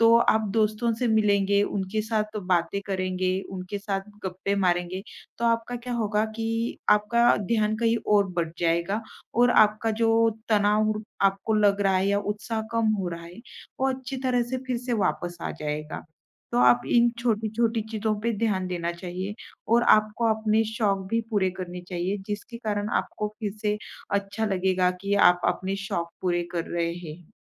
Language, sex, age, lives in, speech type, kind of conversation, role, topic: Hindi, female, 25-29, India, conversation, advice, advisor, लगातार काम करने से आपको बर्नआउट और उत्साह की कमी क्यों महसूस हो रही है?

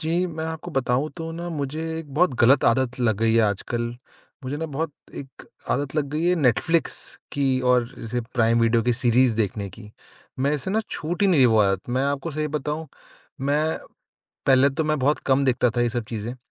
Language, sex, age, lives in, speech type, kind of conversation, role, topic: Hindi, male, 25-29, India, conversation, advice, user, बुरी आदतें छोड़ने के लिए मैं उनके संकेत, क्रिया और इनाम को कैसे समझूँ?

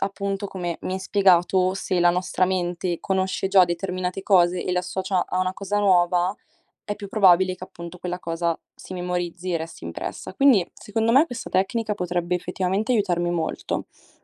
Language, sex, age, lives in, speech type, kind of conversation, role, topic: Italian, female, 18-19, Italy, read, expert-assistant, asker, Perché la memoria è importante per l’apprendimento?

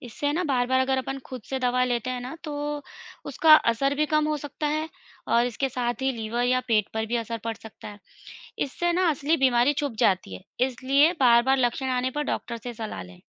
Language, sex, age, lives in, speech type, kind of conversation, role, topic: Hindi, female, 35-39, India, read, expert-assistant, expert, जब आपको किसी बीमारी के बारे में पूरी और सटीक जानकारी चाहिए हो, तो किन स्रोतों पर ध्यान देना चाहिए?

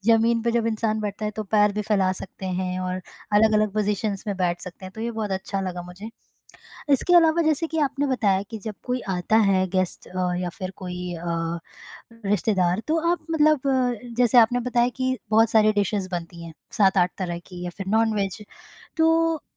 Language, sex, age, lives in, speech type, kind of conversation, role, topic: Hindi, female, 25-29, India, conversation, podcast, host, Mehmaan aane par aapke ghar mein khaana-parosne ka koi khaas tareeka kya hai?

